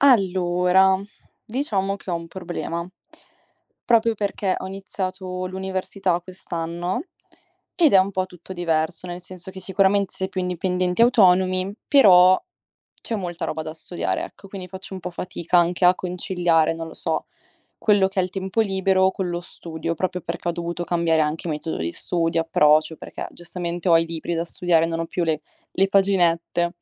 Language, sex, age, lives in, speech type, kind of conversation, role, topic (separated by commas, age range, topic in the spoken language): Italian, female, 18-19, Italy, read, expert-assistant, asker, Come si può mantenere un equilibrio tra studio e tempo libero?